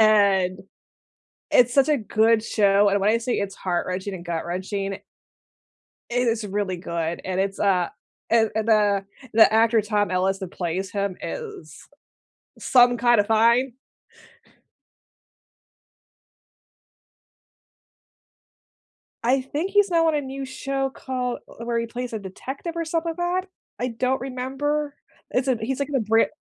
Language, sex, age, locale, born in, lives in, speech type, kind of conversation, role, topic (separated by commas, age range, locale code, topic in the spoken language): English, female, 40-44, en-US, United States, United States, conversation, unstructured, none, When life feels heavy, what comfort shows or films do you return to, and why?